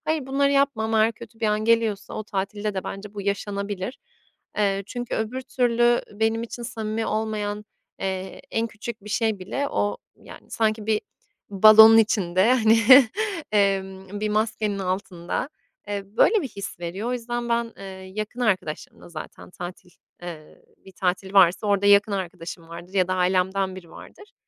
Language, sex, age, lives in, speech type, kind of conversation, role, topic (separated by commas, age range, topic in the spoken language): Turkish, female, 25-29, Italy, conversation, podcast, guest, Dinlemek güveni nasıl artırır?